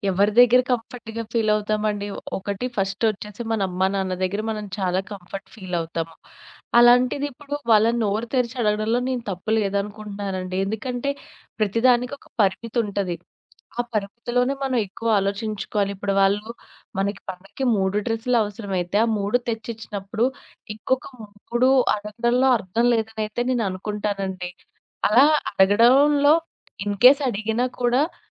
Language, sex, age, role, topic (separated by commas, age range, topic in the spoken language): Telugu, female, 25-29, guest, ఇతరుల వద్ద సహాయం అడగడంపై నీలో ఉండే సంకోచాన్ని నువ్వు ఎలా దాటుకున్నావు?